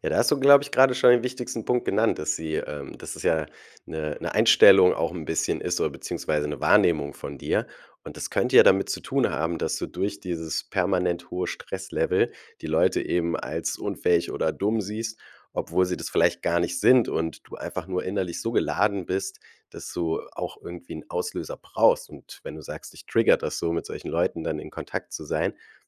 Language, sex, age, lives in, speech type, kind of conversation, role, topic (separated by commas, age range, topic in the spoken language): German, male, 35-39, Germany, conversation, advice, advisor, Wie kann ich mit plötzlichen Wutanfällen in Alltagssituationen umgehen?